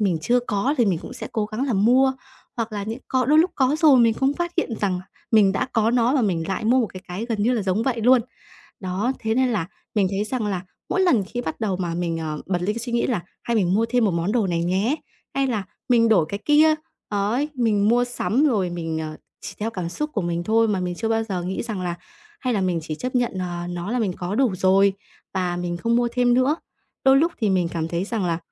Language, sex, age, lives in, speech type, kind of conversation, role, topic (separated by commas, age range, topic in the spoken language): Vietnamese, female, 20-24, Vietnam, conversation, advice, user, Làm sao để chấp nhận và hài lòng với những đồ đạc hiện có mà không mua thêm?